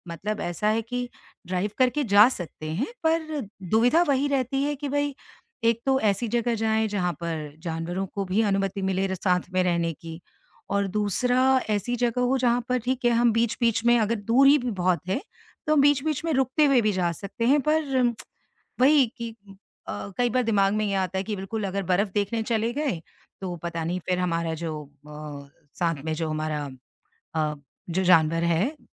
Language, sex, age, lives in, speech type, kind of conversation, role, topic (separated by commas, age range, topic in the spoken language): Hindi, female, 50-54, India, conversation, advice, user, कम समय में एक अच्छी और सस्ती छुट्टी की योजना कैसे बनाऊँ?